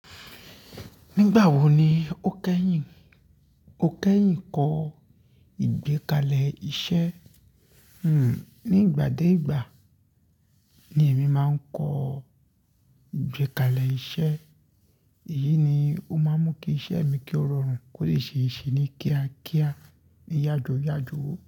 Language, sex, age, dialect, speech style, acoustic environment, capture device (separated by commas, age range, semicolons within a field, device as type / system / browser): Yoruba, male, 25-34, Nigeria - Ibadan, relaxed, traffic; nature, mobile / Linux / Chrome